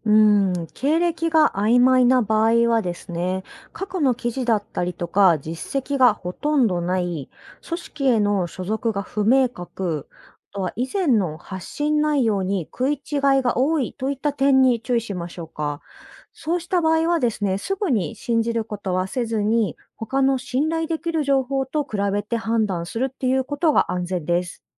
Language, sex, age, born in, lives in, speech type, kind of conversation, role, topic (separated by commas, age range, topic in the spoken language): Japanese, female, 30-34, Japan, Japan, read, expert-assistant, expert, いろいろな国の政治について信頼できる情報を見分けるコツは何ですか？